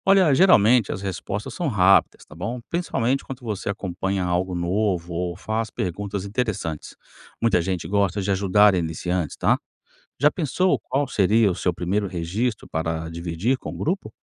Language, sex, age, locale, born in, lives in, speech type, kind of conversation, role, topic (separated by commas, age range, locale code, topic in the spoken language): Portuguese, male, 45-49, pt-BR, Brazil, United States, read, expert-assistant, expert, Como posso transformar meu interesse por exploração espacial em um aprendizado prático no dia a dia?